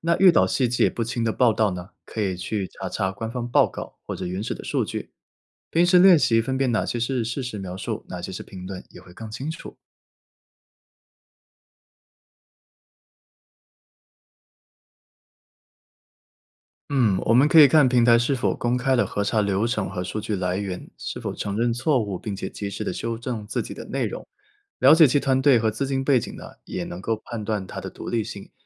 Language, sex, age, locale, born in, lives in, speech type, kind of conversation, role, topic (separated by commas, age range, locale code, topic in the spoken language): Chinese, male, 25-29, zh-CN, China, United States, read, expert-assistant, expert, 面对国际大事，哪些信息来源能让我看到事情的全貌？听谁的比较靠谱？